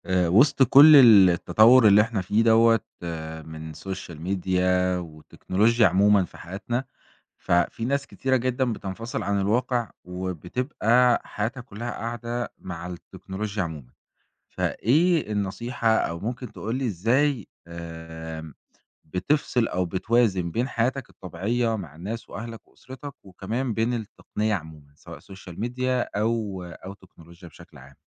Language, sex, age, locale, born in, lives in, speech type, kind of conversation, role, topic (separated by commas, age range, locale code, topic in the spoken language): Arabic, male, 20-24, ar-EG, Egypt, Egypt, conversation, podcast, host, إزاي بتحافظ على التوازن بين التكنولوجيا وحياتك؟